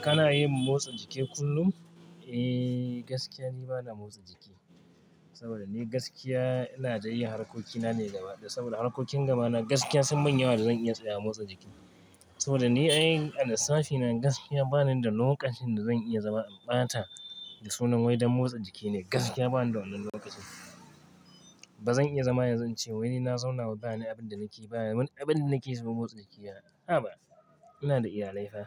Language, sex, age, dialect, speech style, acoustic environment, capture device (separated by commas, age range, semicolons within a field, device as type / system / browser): Hausa, male, 25-34, Nigeria - Kano, focused, traffic, mobile / Linux / Chrome